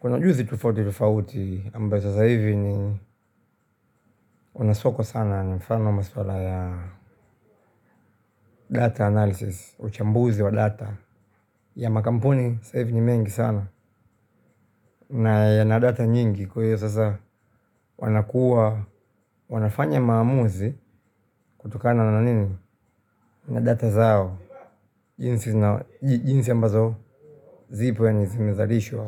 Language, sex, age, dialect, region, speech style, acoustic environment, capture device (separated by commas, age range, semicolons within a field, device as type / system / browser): Swahili, male, 25-34, Tanzania - Dar es Salaam, Tanzania, happy; relaxed, appliances, mobile / Linux / Chrome